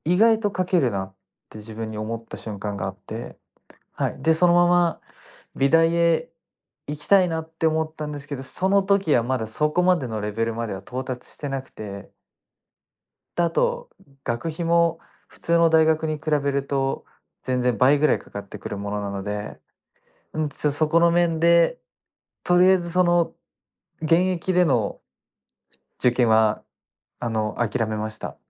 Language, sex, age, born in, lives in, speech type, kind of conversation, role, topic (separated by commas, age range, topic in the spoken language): Japanese, male, 20-24, Japan, Japan, conversation, podcast, guest, 自分らしい表現はどうやって見つけましたか？